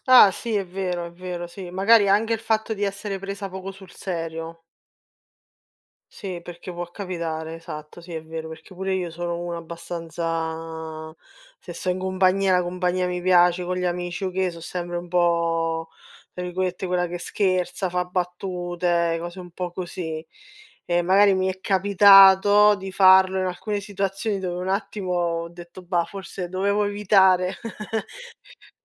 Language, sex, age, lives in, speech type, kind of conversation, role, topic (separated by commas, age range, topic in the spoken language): Italian, female, 30-34, Italy, conversation, unstructured, none, Come ti senti quando riesci a esprimerti liberamente?